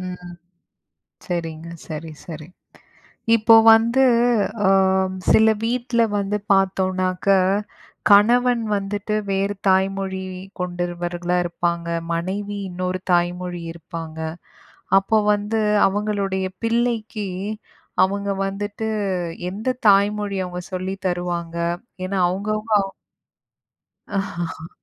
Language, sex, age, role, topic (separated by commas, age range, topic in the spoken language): Tamil, female, 35-39, host, தாய்மொழியின் மதிப்பை உங்கள் வீட்டில் நீங்கள் எவ்வாறு பேணிக் காக்கிறீர்கள்?